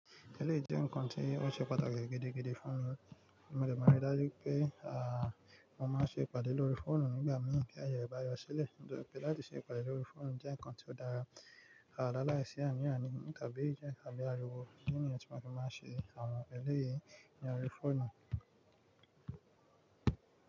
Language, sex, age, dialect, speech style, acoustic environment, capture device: Yoruba, male, 25-34, Nigeria - Nigerian Standard, relaxed, music, mobile / Linux / Chrome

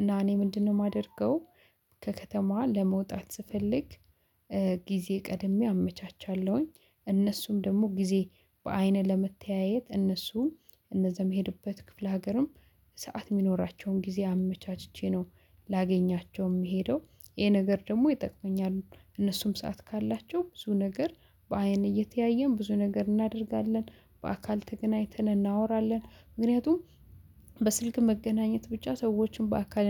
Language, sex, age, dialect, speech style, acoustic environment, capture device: Amharic, female, 25-34, Ethiopia - Addis Ababa, excited, silence, mobile / Linux / Chrome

